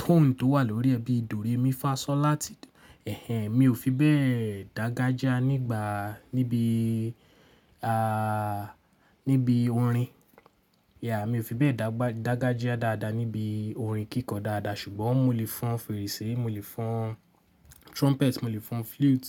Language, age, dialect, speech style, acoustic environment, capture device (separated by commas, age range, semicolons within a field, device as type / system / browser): Yoruba, 18-24, Nigeria - Lagos Yoruba, relaxed, nature, mobile / Linux / Chrome